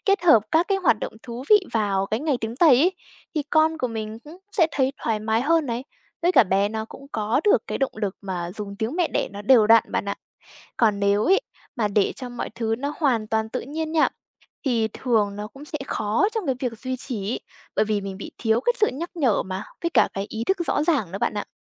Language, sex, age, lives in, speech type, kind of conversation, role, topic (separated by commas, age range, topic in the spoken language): Vietnamese, female, 20-24, Vietnam, read, expert-assistant, expert, Làm sao để trẻ giữ gìn tiếng mẹ đẻ khi đi học chủ yếu dùng tiếng Việt và tiếng Anh?